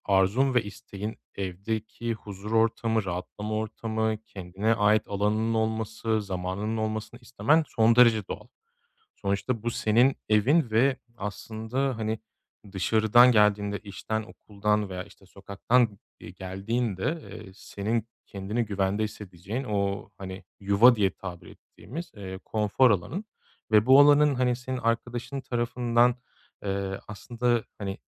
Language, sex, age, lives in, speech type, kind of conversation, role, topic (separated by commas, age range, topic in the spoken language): Turkish, male, 35-39, Germany, conversation, advice, advisor, Evde rahatlamakta zorlanıyorsanız bunu değiştirmek için neler denediniz?